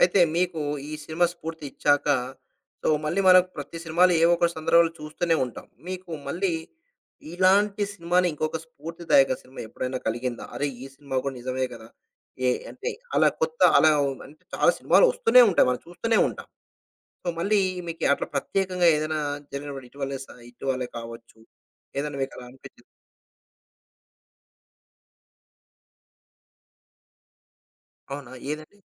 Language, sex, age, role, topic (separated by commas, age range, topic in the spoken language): Telugu, male, 35-39, host, మీకు స్ఫూర్తినిచ్చిన సినిమా లేదా కార్యక్రమం ఏది?